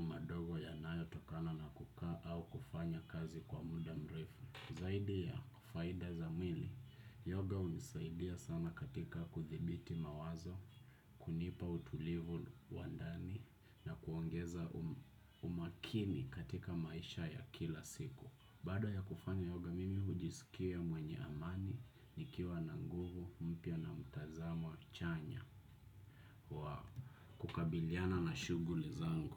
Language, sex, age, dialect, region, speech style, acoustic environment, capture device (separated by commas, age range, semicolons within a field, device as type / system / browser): Swahili, male, 25-34, Kenya - Nairobi Sheng-influenced, Kenya, happy, nature, desktop / Windows / Chrome